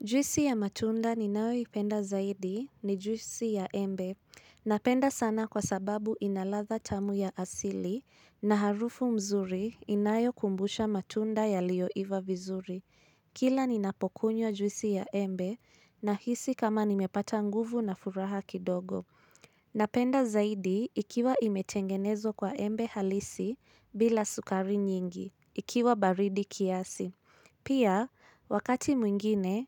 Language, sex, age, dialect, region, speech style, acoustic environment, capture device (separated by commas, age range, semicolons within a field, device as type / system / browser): Swahili, female, 25-34, Kenya - Nairobi Sheng-influenced, Kenya, happy, silence, mobile / Linux / Chrome